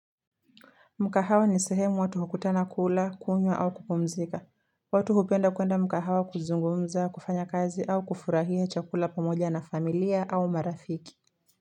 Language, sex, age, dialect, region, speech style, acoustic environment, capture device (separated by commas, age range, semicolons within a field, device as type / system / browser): Swahili, female, 18-24, Kenya - Mombasa, Kenya, relaxed, traffic, mobile / Linux / Chrome